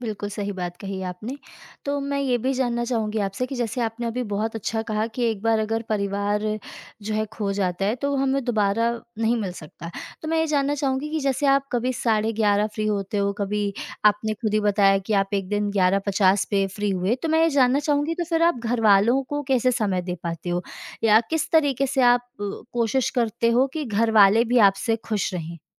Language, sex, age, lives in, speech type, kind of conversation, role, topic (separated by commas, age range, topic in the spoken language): Hindi, female, 25-29, India, conversation, podcast, host, काम और घर के बीच संतुलन आप कैसे बनाते हैं?